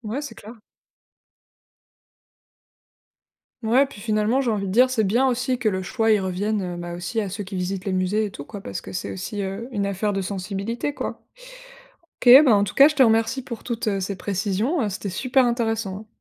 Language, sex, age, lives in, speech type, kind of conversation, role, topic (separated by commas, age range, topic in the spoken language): French, female, 25-29, France, read, expert-assistant, asker, Qu’est-ce qui fait qu’un tableau devient célèbre, même des siècles après sa création ?